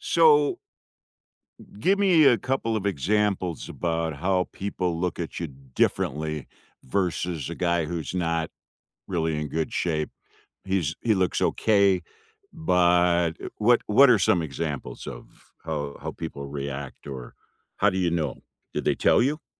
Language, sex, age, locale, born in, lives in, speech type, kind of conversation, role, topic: English, male, 65-69, en-US, United States, United States, conversation, unstructured, none, Which small daily rituals help you feel centered, and what stories make them meaningful to you?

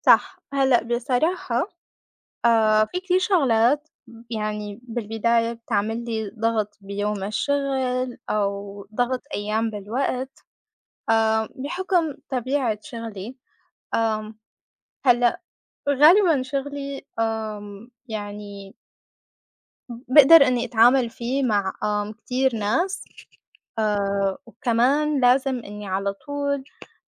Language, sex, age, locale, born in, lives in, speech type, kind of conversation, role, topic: Arabic, female, 25-29, ar-SY, Saudi Arabia, United States, conversation, unstructured, none, إزاي بتتعامل مع ضغوط يوم الشغل؟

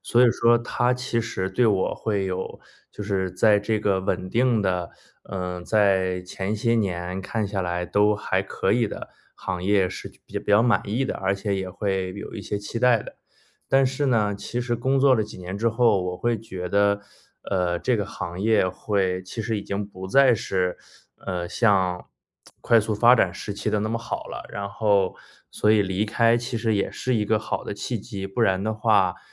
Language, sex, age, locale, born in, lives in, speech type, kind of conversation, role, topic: Chinese, male, 30-34, zh-CN, China, United States, conversation, advice, user, 父母对职业选择的强烈期待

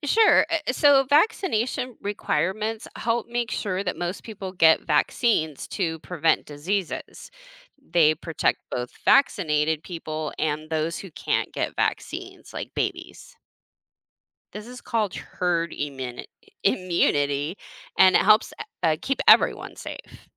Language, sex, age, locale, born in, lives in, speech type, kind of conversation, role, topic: English, female, 40-44, en-US, United States, United States, read, expert-assistant, expert, What is the role of government in public health?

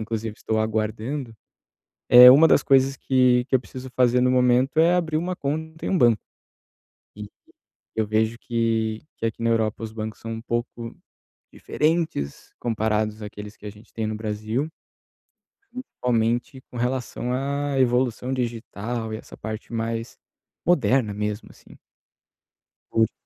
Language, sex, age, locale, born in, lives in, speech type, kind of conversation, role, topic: Portuguese, male, 30-34, pt-BR, Brazil, Italy, conversation, advice, user, Como posso abrir uma conta bancária em um novo país?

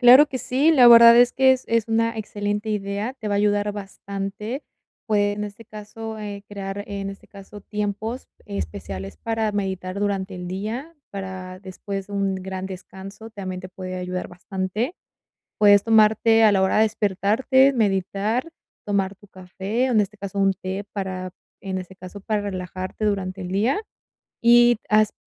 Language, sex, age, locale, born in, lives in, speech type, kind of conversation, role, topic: Spanish, female, 20-24, es-MX, Mexico, Mexico, conversation, advice, advisor, ¿Cómo puedo equilibrar mejor el trabajo, el descanso y mi vida personal?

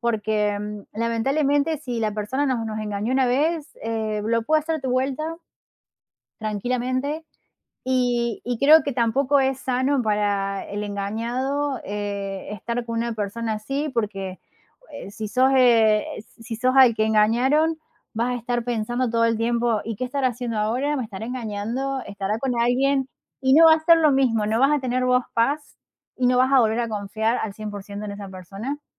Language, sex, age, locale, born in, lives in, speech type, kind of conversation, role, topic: Spanish, female, 30-34, es-AR, Argentina, Netherlands, conversation, unstructured, none, ¿Es correcto perdonar una infidelidad?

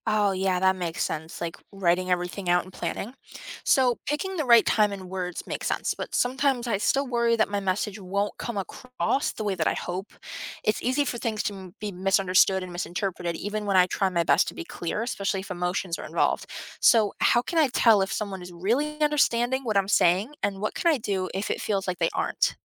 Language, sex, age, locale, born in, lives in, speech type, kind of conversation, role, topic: English, female, 18-19, en-US, United States, United States, read, expert-assistant, asker, Why do people argue, and how can disagreements be resolved peacefully?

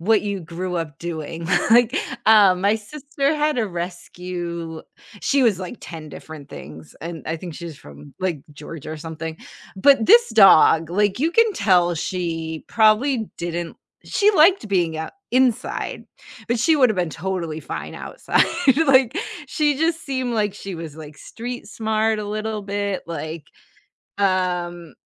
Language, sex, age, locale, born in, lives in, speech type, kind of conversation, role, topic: English, female, 40-44, en-US, United States, United States, conversation, unstructured, none, What do you think about people leaving their pets outside all day?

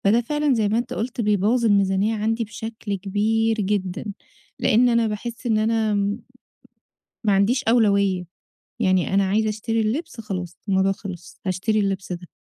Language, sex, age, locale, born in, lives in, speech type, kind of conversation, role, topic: Arabic, female, 30-34, ar-EG, Egypt, Egypt, conversation, advice, user, إزاي ألاقي لبس يناسب ذوقي ويبقى مريح وسعره مناسب؟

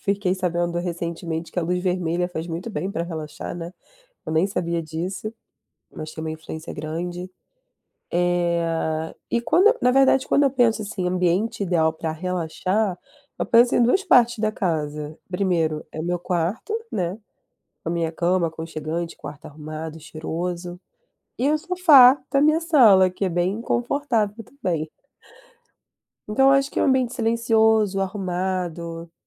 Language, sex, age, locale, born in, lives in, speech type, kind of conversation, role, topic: Portuguese, female, 30-34, pt-BR, Brazil, Germany, conversation, unstructured, none, Qual é o seu ambiente ideal para relaxar em casa?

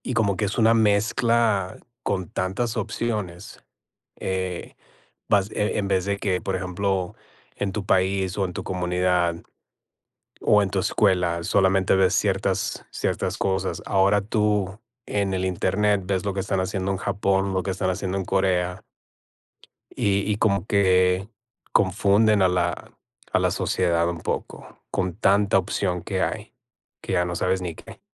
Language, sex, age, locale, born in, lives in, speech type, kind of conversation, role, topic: Spanish, male, 40-44, es-MX, United States, United States, conversation, unstructured, none, ¿Crees que la sociedad pone demasiadas expectativas sobre nosotros?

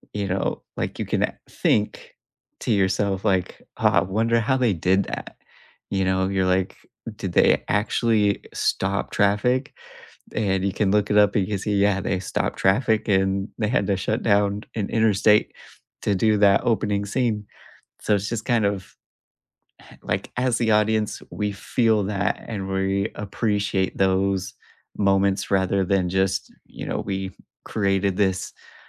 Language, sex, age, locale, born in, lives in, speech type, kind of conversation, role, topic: English, male, 35-39, en-US, United States, United States, conversation, unstructured, none, Do you think movies today rely too much on special effects?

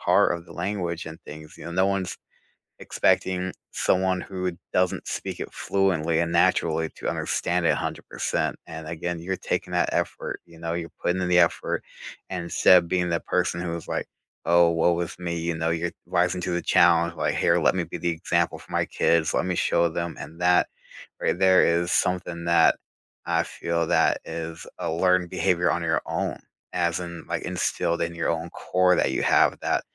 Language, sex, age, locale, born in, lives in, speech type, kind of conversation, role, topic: English, male, 30-34, en-US, United States, United States, conversation, unstructured, none, How do you most enjoy learning something new, and what kind of support helps you?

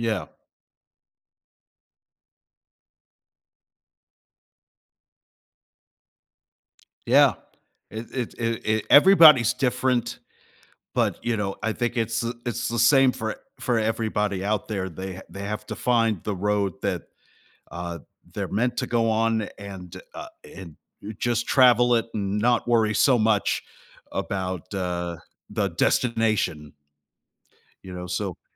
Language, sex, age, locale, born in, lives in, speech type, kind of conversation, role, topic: English, male, 45-49, en-US, United States, United States, conversation, podcast, host, How can people find direction when they feel uncertain about their future?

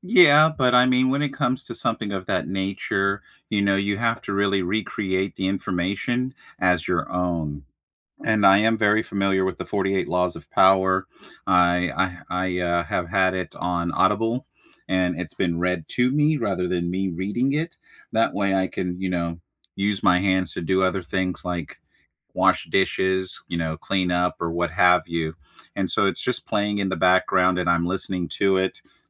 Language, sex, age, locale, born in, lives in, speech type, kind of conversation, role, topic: English, male, 40-44, en-US, United States, United States, conversation, unstructured, none, How do you learn best: through videos, reading, or hands-on practice?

